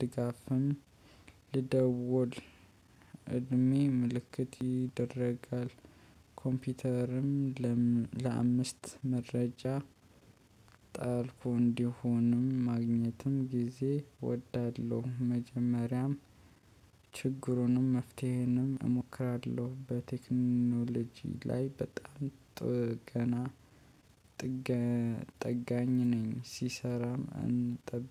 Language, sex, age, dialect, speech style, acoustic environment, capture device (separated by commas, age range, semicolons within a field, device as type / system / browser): Amharic, male, 25-34, Ethiopia - Addis Ababa, happy; focused, people talking; nature, mobile / Linux / Chrome